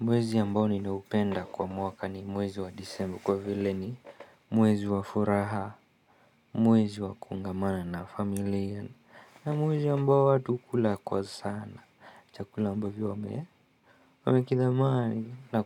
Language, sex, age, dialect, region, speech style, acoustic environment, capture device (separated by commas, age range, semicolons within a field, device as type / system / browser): Swahili, male, 25-34, Kenya - Nairobi Sheng-influenced, Kenya, excited; relaxed, silence, mobile / Linux / Chrome